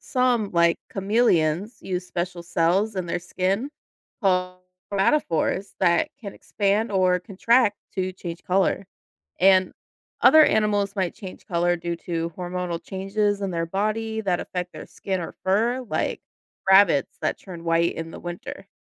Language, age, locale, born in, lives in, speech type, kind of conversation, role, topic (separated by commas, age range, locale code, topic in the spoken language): English, 30-34, en-US, United States, United States, read, expert-assistant, expert, Why do some animals change color?